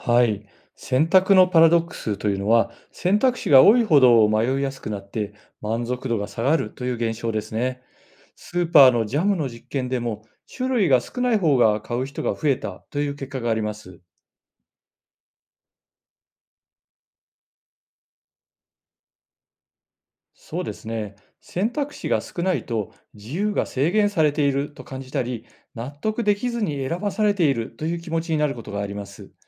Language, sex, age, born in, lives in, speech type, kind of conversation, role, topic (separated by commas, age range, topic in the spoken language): Japanese, male, 55-59, Japan, Japan, read, expert-assistant, expert, 『選択のパラドックス』とは何ですか？選択肢が多いと本当に幸せになれるのでしょうか？